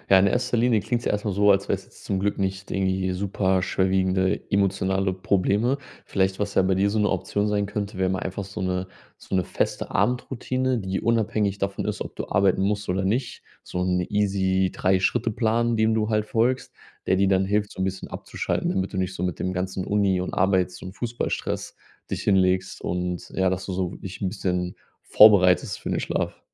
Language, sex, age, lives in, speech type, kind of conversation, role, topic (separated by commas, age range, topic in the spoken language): German, male, 30-34, Germany, conversation, advice, advisor, Wie kann ich mit Einschlafproblemen durch anhaltenden Stress oder Sorgen besser umgehen?